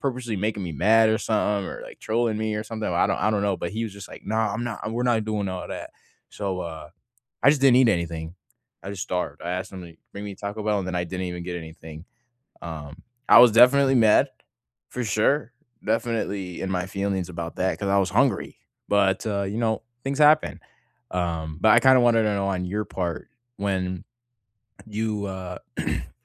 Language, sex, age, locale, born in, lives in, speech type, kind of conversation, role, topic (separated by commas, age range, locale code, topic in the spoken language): English, male, 18-19, en-US, United States, United States, conversation, unstructured, none, How do you decide where to order takeout from when everyone has a different comfort food favorite?